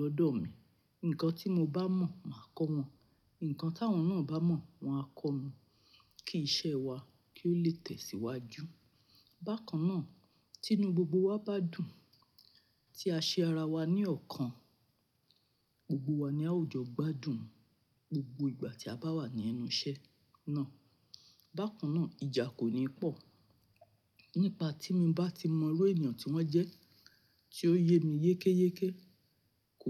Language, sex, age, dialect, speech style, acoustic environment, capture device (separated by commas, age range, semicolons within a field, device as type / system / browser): Yoruba, female, 45-54, Nigeria - Nigerian Standard, happy, nature, mobile / Linux / Chrome